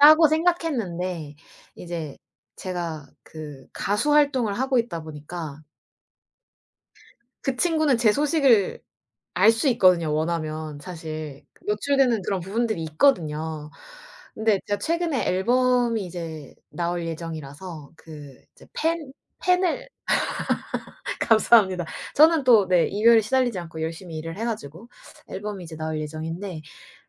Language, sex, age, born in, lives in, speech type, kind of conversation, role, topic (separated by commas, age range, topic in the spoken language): Korean, female, 25-29, South Korea, United States, conversation, advice, user, 이별 직후 외로움과 잠들기 어려움을 어떻게 느끼시나요?